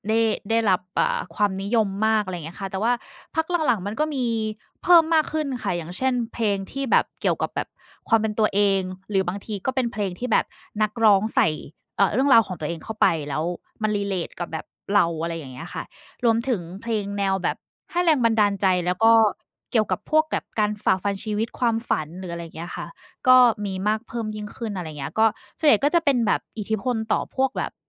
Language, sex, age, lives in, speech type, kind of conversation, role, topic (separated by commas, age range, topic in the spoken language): Thai, female, 30-34, Thailand, conversation, unstructured, none, เพลงในปัจจุบันมีอิทธิพลต่อความคิดของผู้คนหรือไม่?